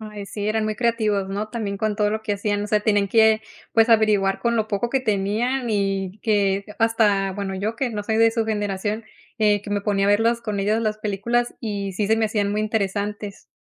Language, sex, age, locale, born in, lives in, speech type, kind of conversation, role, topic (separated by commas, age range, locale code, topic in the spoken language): Spanish, female, 30-34, es-MX, Mexico, United States, conversation, podcast, host, ¿Qué música te conecta con generaciones anteriores?